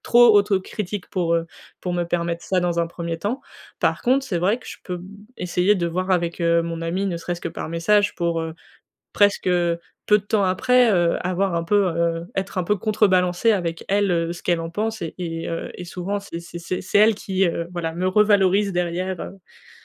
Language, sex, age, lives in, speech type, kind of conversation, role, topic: French, female, 20-24, France, conversation, advice, user, Comment puis-je protéger mon estime de moi quand on m’attaque ou m’insulte ?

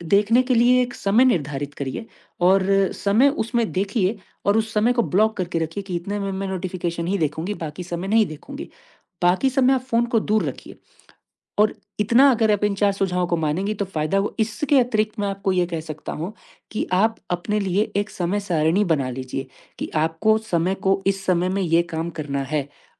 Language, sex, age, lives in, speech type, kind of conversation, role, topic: Hindi, male, 20-24, India, conversation, advice, advisor, मैं अनचाही सूचनाओं से थक गया/थक गई हूँ—मैं इन्हें कैसे कम करूँ?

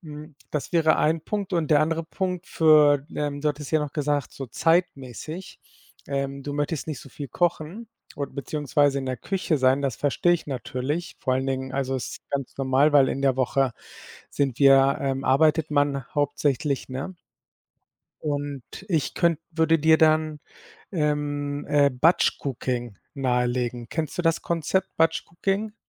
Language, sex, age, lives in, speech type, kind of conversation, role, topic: German, male, 40-44, Spain, conversation, advice, advisor, Welche Schwierigkeiten hast du beim Aufbau gesunder Gewohnheiten?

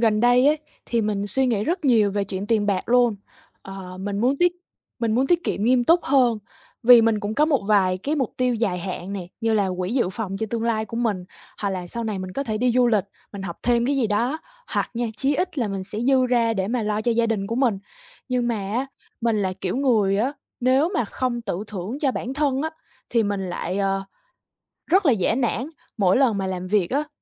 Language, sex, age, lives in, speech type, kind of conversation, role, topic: Vietnamese, female, 20-24, Vietnam, conversation, advice, user, Làm thế nào để tiết kiệm mà vẫn tự thưởng cho mình mà không cảm thấy keo kiệt?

